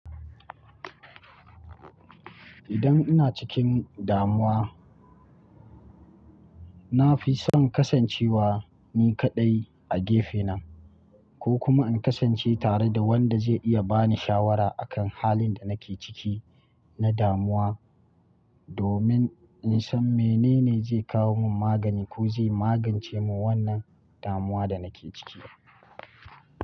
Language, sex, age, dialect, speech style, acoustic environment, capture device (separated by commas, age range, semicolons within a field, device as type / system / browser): Hausa, male, 25-34, Nigeria - Kano, relaxed, nature, mobile / Linux / Chrome